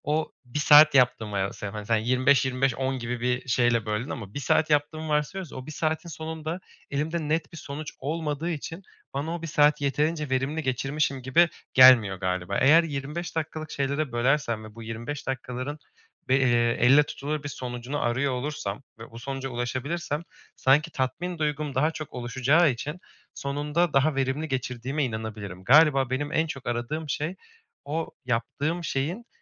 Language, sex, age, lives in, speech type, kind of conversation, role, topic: Turkish, male, 25-29, Germany, conversation, advice, user, Tek bir işe nasıl daha iyi odaklanabilirim?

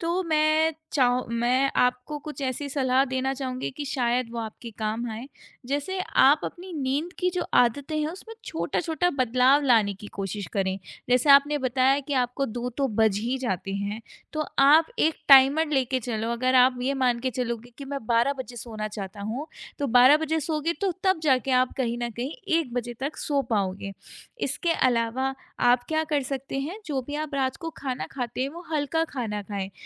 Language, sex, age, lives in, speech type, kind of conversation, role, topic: Hindi, female, 25-29, India, conversation, advice, advisor, सुबह उठना मुश्किल और दिन भर सुस्ती बनी रहना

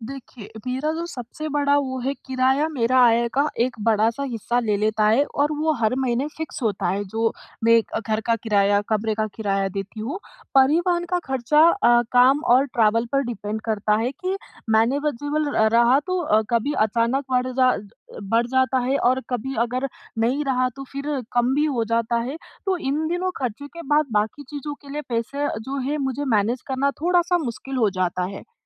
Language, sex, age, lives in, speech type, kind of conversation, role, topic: Hindi, female, 20-24, India, conversation, advice, user, नए शहर में रहते हुए मैं अपना बजट कैसे संभालूं और खर्चों पर नियंत्रण कैसे रखूं?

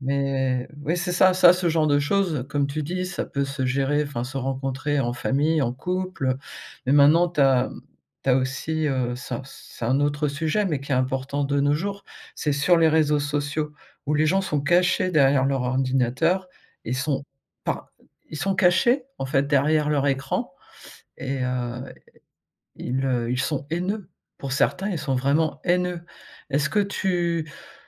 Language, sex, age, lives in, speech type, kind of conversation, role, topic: French, female, 55-59, France, conversation, podcast, host, Comment gérer les différences d’opinion sans se fâcher ?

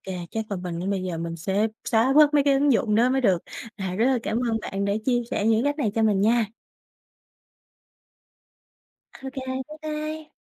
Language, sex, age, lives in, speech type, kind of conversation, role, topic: Vietnamese, female, 20-24, Vietnam, conversation, advice, user, Bạn cảm thấy thế nào khi bị cám dỗ bởi các chương trình giảm giá và mua nhiều món không cần thiết?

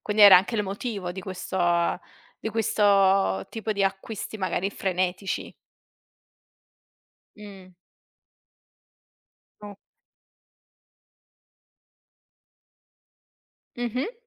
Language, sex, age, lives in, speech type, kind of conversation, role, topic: Italian, female, 25-29, Italy, conversation, podcast, host, Come scegli tra la tua passione e la sicurezza economica?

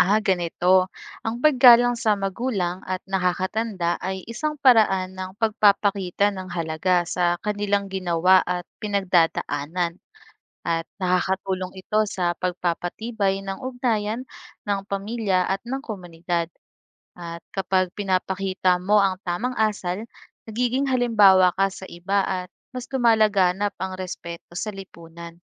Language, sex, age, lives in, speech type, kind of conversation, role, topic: Filipino, female, 25-29, Philippines, read, expert-assistant, expert, Bakit mahalaga ang paggalang sa mga magulang at nakatatanda?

